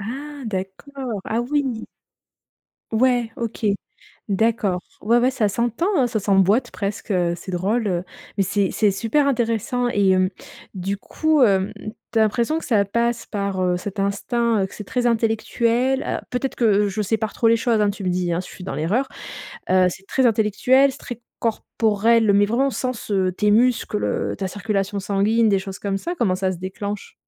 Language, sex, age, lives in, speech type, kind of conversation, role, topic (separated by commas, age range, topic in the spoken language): French, female, 35-39, Germany, conversation, podcast, host, Comment entraînes-tu ton instinct au quotidien ?